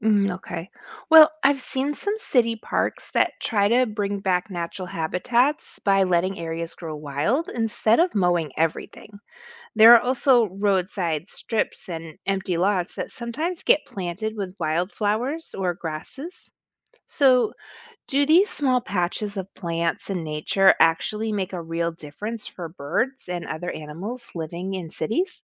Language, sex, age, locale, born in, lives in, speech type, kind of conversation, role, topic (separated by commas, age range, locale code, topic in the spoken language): English, female, 40-44, en-US, United States, United States, read, expert-assistant, asker, How does urbanization change the natural landscape?